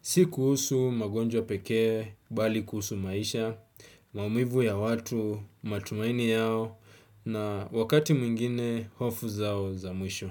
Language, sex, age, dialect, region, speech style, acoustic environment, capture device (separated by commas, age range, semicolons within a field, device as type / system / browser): Swahili, male, 25-34, Kenya - Mombasa, Kenya, relaxed, silence, desktop / Windows / Chrome